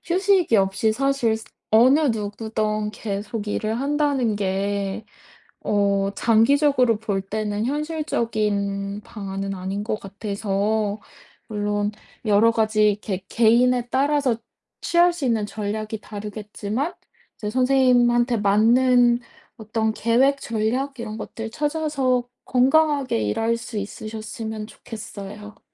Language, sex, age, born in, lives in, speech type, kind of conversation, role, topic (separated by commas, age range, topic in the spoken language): Korean, female, 30-34, South Korea, United States, conversation, advice, advisor, 여가 시간에 죄책감과 산만함 없이 편하게 쉬려면 어떻게 해야 하나요?